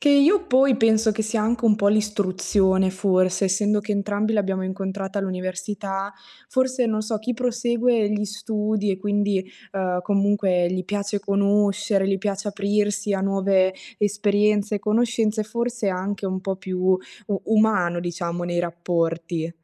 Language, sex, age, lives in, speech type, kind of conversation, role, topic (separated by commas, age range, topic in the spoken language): Italian, female, 20-24, Italy, conversation, unstructured, none, Come affronti la paura di non essere accettato dagli altri?